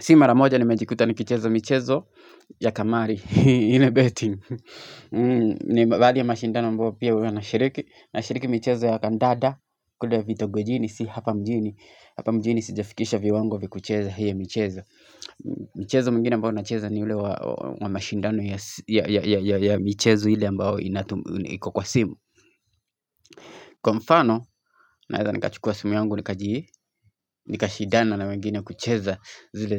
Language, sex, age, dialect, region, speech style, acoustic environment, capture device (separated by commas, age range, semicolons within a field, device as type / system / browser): Swahili, male, 25-34, Kenya - Nairobi Sheng-influenced, Kenya, happy, people talking, mobile / Linux / Chrome